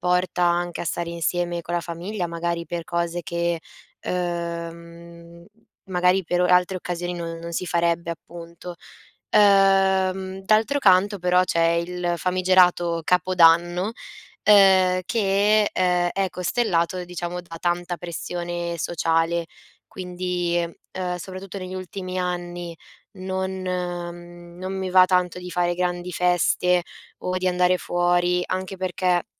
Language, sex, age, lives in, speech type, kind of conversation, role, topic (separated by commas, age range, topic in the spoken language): Italian, female, 20-24, Italy, conversation, advice, user, Come posso gestire la pressione sociale a partecipare a feste e celebrazioni?